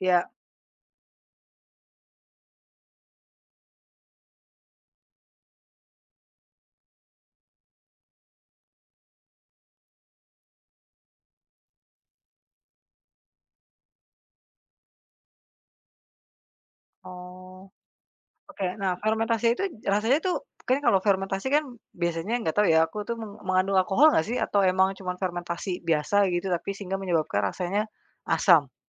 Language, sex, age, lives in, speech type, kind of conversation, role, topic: Indonesian, female, 35-39, Indonesia, conversation, podcast, host, Pernahkah kamu merasa ada makanan yang langsung bikin kamu berasa di rumah? Bisa ceritain?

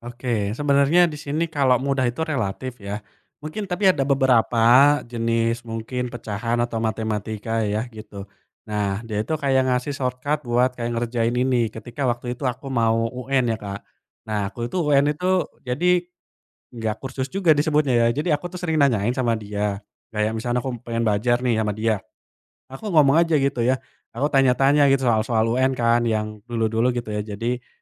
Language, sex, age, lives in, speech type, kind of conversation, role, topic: Indonesian, male, 25-29, Indonesia, conversation, podcast, guest, Siapa guru atau pembimbing yang paling menginspirasi kamu, dan apa pengaruhnya dalam hidupmu?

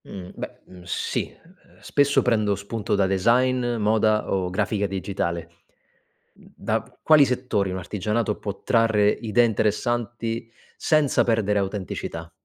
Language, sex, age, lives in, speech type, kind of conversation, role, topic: Italian, male, 30-34, Italy, read, expert-assistant, asker, Come si può mantenere viva una tradizione artigianale locale quando i giovani sembrano meno interessati?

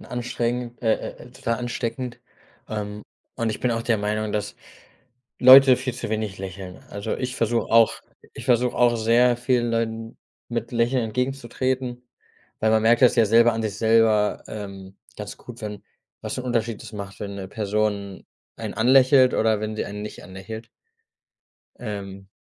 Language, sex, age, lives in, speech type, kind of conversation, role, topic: German, male, 25-29, Germany, conversation, podcast, host, Wie deutest du ein Lächeln in verschiedenen Situationen?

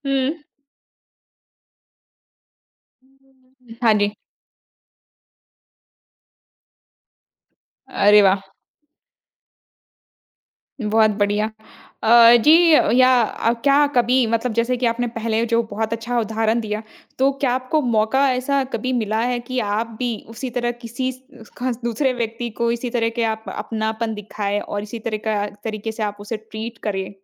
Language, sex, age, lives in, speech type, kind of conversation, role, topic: Hindi, female, 25-29, India, conversation, podcast, host, किस जगह के लोगों ने आपको सबसे ज़्यादा अपनाया?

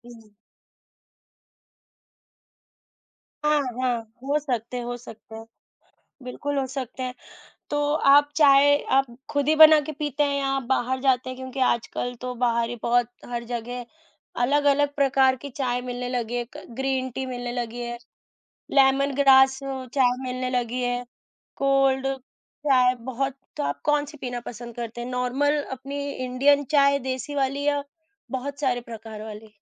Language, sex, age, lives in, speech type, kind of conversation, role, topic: Hindi, female, 25-29, India, conversation, unstructured, none, क्या आप सुबह चाय पसंद करते हैं या कॉफी, और क्यों?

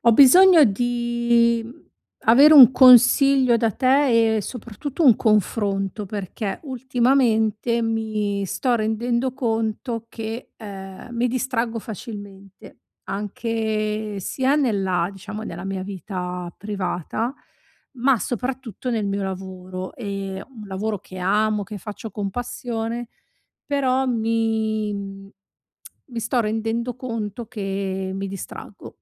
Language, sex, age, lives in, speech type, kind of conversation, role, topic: Italian, female, 40-44, Italy, conversation, advice, user, Come posso eliminare le distrazioni per concentrarmi sul lavoro profondo?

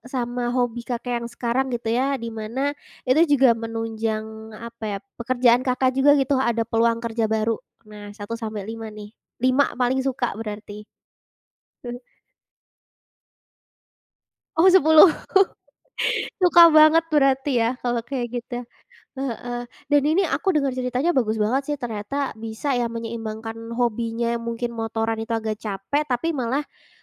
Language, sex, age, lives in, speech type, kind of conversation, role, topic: Indonesian, female, 20-24, Indonesia, conversation, podcast, host, Bagaimana cara menyeimbangkan hobi baru dengan tuntutan sekolah atau pekerjaan?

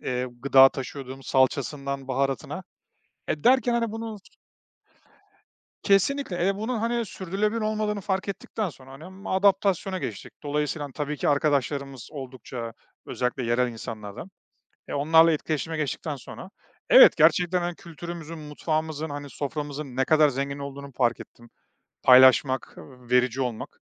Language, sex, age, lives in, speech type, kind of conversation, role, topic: Turkish, male, 35-39, Estonia, conversation, podcast, guest, Yemek paylaşımı kültürler arasında bağları nasıl güçlendirir?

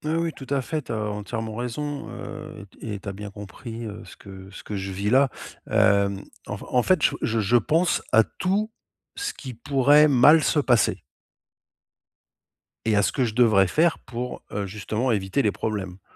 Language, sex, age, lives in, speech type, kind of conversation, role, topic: French, male, 50-54, Spain, conversation, advice, user, Accepter l'incontrôlable et lâcher prise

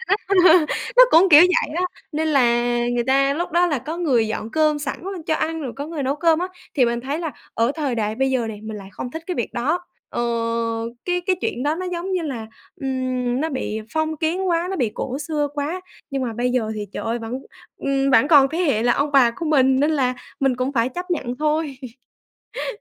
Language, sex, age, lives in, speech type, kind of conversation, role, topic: Vietnamese, female, 20-24, Vietnam, conversation, podcast, guest, Truyền thống ăn uống trong gia đình bạn diễn ra như thế nào?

